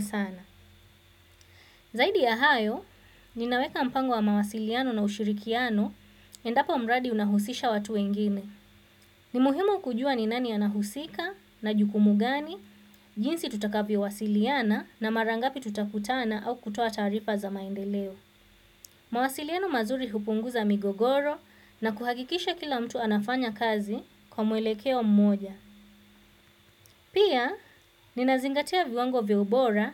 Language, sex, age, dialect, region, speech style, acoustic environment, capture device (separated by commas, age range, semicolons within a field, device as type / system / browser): Swahili, female, 25-34, Kenya - Mombasa, Kenya, focused, silence, desktop / Windows / Chrome